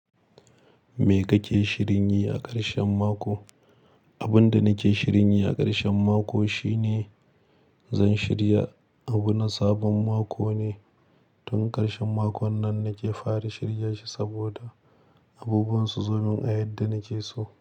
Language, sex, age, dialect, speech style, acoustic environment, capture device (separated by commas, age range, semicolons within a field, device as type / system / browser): Hausa, male, 25-34, Nigeria - Kano, happy, silence, mobile / Linux / Chrome